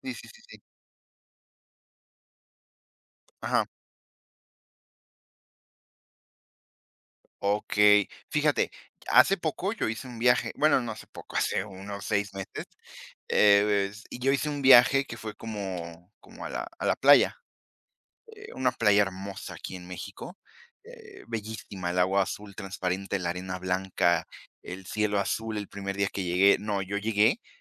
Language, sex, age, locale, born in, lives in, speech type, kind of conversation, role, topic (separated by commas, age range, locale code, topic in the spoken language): Spanish, female, 20-24, es-MX, Mexico, Mexico, conversation, unstructured, none, ¿Cómo te sientes cuando un viaje no sale como esperabas?